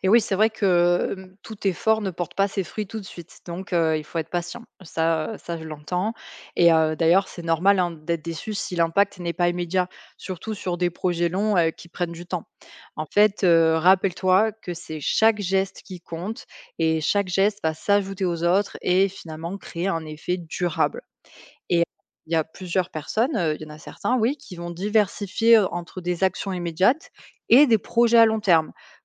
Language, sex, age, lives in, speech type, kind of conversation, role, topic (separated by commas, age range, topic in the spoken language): French, female, 25-29, France, read, expert-assistant, expert, Et si tu gagnais soudainement deux fois plus d’argent, qu’est-ce que tu changerais dans ta façon de gérer ton budget ?